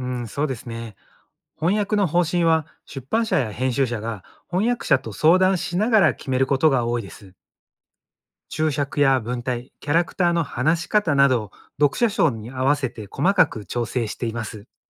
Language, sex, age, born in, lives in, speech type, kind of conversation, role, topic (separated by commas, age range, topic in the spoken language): Japanese, male, 45-49, Japan, Japan, read, expert-assistant, expert, 海外の小説を読むとき、原作と日本語訳で印象が変わるのはなぜだと思いますか？